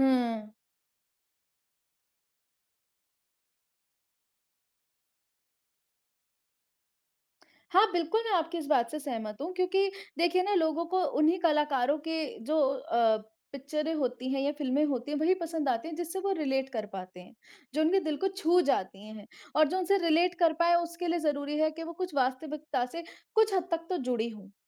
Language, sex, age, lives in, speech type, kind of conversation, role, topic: Hindi, male, 30-34, India, conversation, unstructured, none, आपको कौन-सा कलाकार सबसे ज़्यादा पसंद है और क्यों?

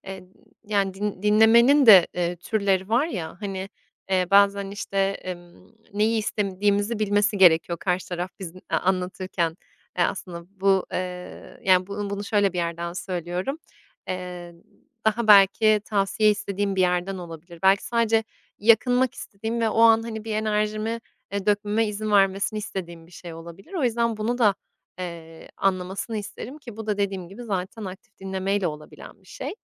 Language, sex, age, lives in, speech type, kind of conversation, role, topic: Turkish, female, 25-29, Italy, conversation, podcast, guest, Dinlemek güveni nasıl artırır?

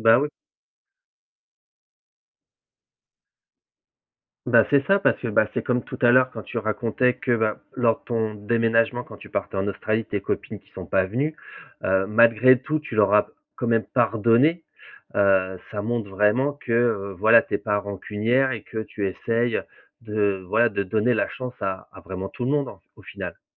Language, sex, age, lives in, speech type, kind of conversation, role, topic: French, male, 35-39, France, conversation, podcast, host, À quel moment un petit geste d’un inconnu t’a-t-il surpris ?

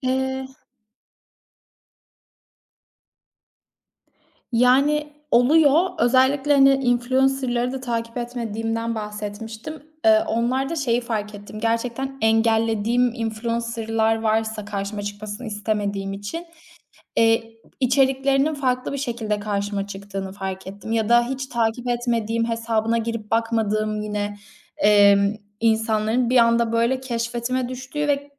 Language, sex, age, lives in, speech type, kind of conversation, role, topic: Turkish, female, 25-29, Italy, conversation, podcast, guest, Dijital algoritmalar film ve müzik zevklerini nasıl yönlendiriyor?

